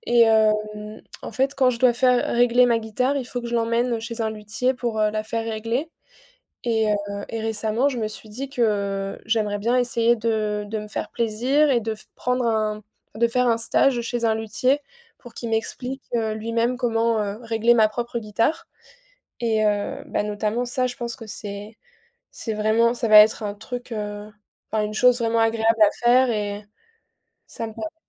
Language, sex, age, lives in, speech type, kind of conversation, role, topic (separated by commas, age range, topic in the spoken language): French, female, 20-24, France, conversation, unstructured, none, Comment trouves-tu du plaisir dans les petites choses ?